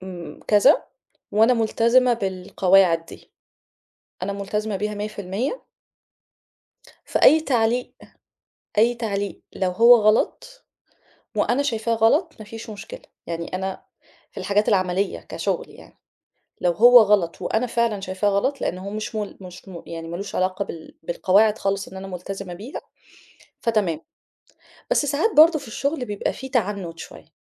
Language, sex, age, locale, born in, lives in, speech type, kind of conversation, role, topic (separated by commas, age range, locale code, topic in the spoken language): Arabic, female, 35-39, ar-EG, Egypt, Egypt, conversation, advice, user, إزاي أتعامل مع حساسيتي الشديدة للنقد وانزعاجي المستمر؟